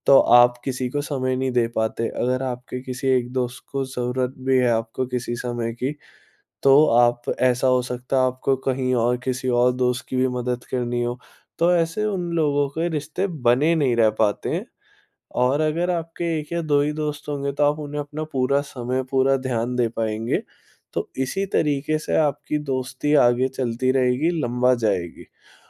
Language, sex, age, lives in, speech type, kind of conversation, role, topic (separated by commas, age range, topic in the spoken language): Hindi, male, 20-24, India, conversation, advice, advisor, नए शहर में भरोसा और दीर्घकालिक दोस्ती कैसे बनाई जाए?